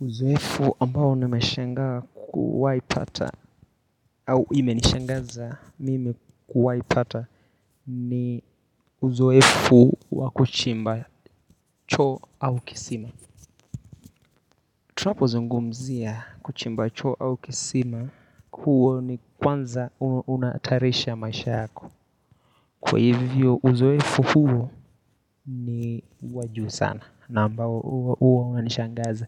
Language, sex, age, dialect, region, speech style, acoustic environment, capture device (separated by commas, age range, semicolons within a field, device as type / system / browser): Swahili, male, 18-24, Kenya - Nairobi Sheng-influenced, Kenya, relaxed; focused, nature, mobile / Linux / Chrome